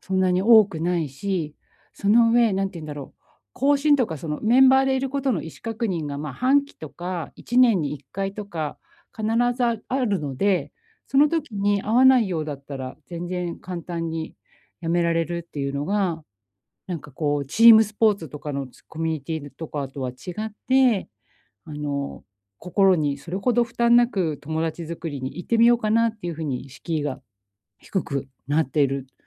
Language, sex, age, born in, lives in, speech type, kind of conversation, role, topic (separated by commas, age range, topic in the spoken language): Japanese, female, 45-49, Japan, Japan, conversation, advice, advisor, 大人になってから自然に新しい友達を作るには、どうしたらいいですか？